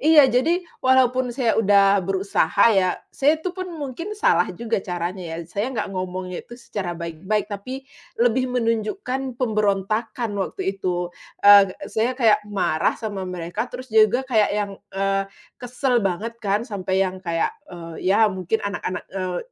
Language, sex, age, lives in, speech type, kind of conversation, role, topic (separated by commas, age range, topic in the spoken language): Indonesian, female, 35-39, Indonesia, conversation, podcast, guest, Bagaimana kamu beradaptasi saat bahasa cinta di keluargamu berbeda-beda?